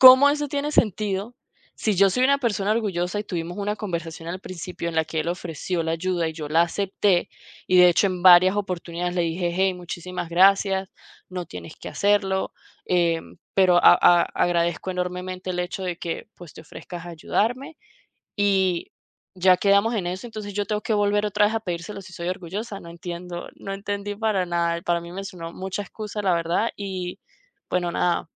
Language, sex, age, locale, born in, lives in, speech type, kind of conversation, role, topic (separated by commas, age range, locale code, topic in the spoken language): Spanish, female, 30-34, es-VE, Venezuela, United States, conversation, advice, user, ¿Cómo puedo disculparme sinceramente después de haber herido a alguien?